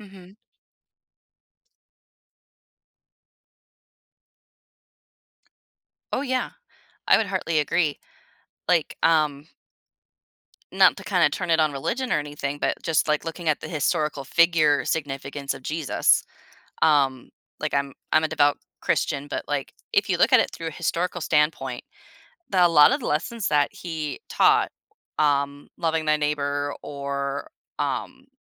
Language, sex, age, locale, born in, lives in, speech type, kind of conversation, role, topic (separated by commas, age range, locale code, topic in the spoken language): English, female, 30-34, en-US, United States, United States, conversation, podcast, guest, How can understanding history help us make better choices for the future?